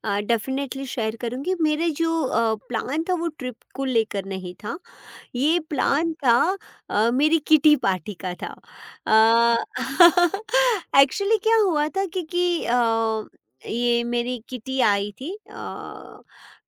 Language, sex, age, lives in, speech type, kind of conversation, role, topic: Hindi, female, 40-44, India, conversation, podcast, guest, Kya tum apna woh last-minute plan share karoge jo baad mein tumhari sabse badiya yaad ban gayi?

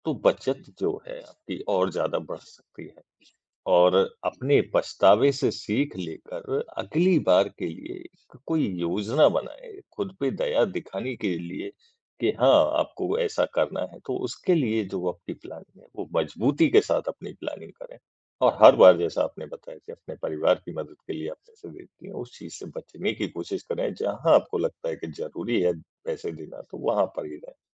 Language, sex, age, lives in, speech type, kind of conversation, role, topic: Hindi, male, 40-44, India, conversation, advice, advisor, यात्रा या छुट्टियों के लिए बचत न करने पर पछतावा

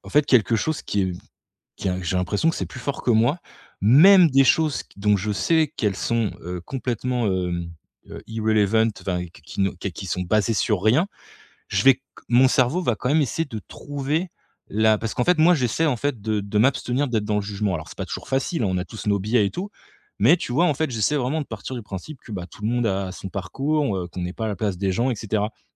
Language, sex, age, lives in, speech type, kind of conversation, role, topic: French, male, 35-39, France, conversation, advice, user, Comment faire pour ne plus avoir peur du jugement des autres au quotidien ?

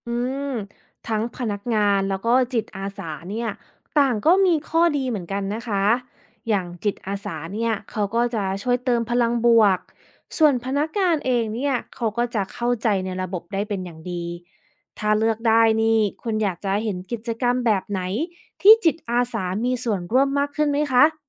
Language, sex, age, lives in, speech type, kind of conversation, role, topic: Thai, female, 35-39, United States, read, expert-assistant, expert, ถ้าเราอยากให้การไปหาหมอที่คลินิกเป็นประสบการณ์ที่น่าประทับใจมากขึ้น คุณคิดว่าจะปรับเปลี่ยนอะไรได้บ้าง?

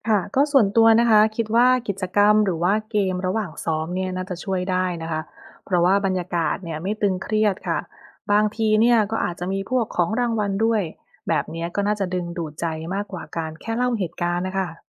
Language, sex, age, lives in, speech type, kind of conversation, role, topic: Thai, female, 30-34, United States, read, expert-assistant, asker, มีวิธีไหนบ้างที่จะช่วยให้เราจัดการกับเหตุฉุกเฉินได้อย่างมีประสิทธิภาพมากขึ้น?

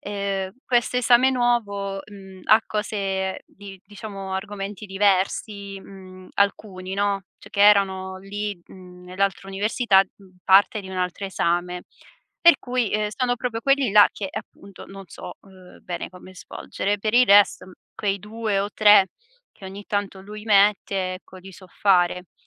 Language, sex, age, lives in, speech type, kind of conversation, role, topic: Italian, female, 25-29, Italy, conversation, advice, user, Perché rimugino sugli errori del passato per tutto il giorno?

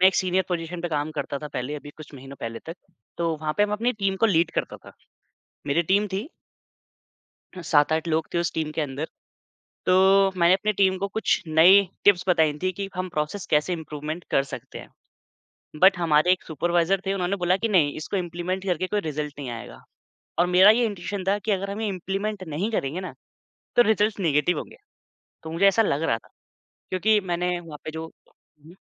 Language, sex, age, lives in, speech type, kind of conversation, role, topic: Hindi, male, 25-29, India, conversation, podcast, guest, Tum kaise samajhte ho ki koi gut feeling sachchi intuition hai ya sirf bias?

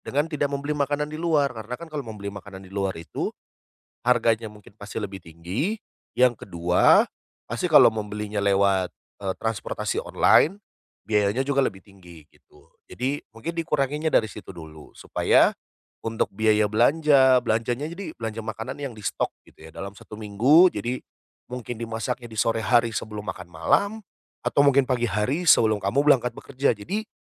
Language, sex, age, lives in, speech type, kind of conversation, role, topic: Indonesian, male, 30-34, Indonesia, conversation, advice, advisor, Bagaimana cara mengelola pengeluaran bulanan agar lebih hemat?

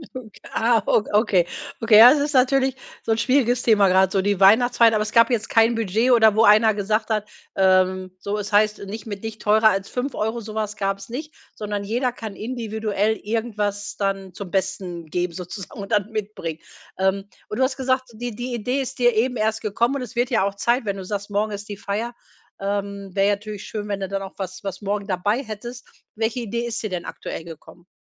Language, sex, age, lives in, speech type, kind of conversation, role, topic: German, female, 45-49, Germany, conversation, advice, advisor, Wie kann ich mich bei Feiern weniger von sozialen Erwartungen überfordert fühlen?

